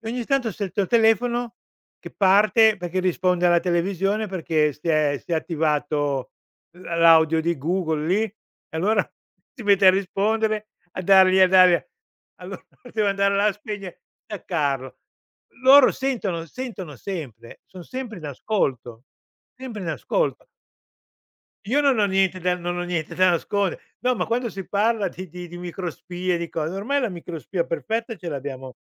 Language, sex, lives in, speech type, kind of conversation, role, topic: Italian, male, Italy, conversation, podcast, guest, In che modo, secondo te, i social cambiano ciò che guardi?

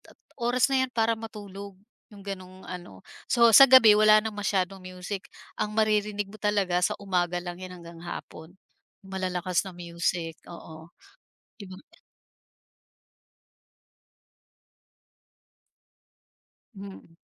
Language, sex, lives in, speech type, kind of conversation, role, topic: Filipino, female, Philippines, conversation, podcast, guest, Paano nagkakaiba ang musika sa probinsya at sa lungsod para sa iyo?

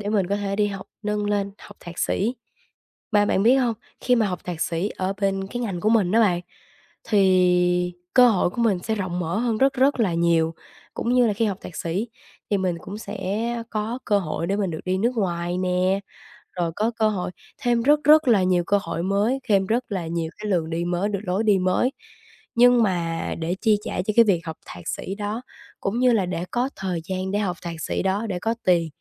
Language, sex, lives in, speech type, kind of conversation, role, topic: Vietnamese, female, Vietnam, conversation, advice, user, Làm sao để phân bổ tiền hợp lý cho các ưu tiên trong ngân sách của tôi?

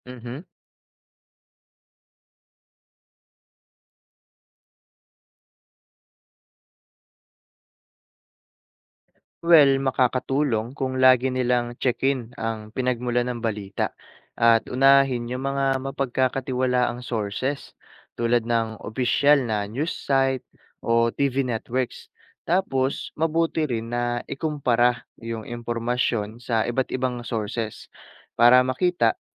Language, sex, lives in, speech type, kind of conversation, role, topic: Filipino, male, Philippines, read, expert-assistant, expert, Ano ang epekto ng panonood ng balita sa kaalaman ng kabataan tungkol sa lipunan?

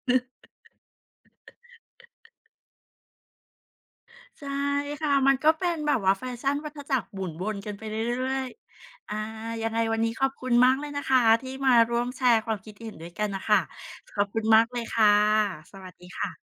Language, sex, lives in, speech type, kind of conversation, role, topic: Thai, female, Thailand, conversation, podcast, host, อิทธิพลของโซเชียลมีเดียทำให้คุณเปลี่ยนรสนิยมหรือไม่?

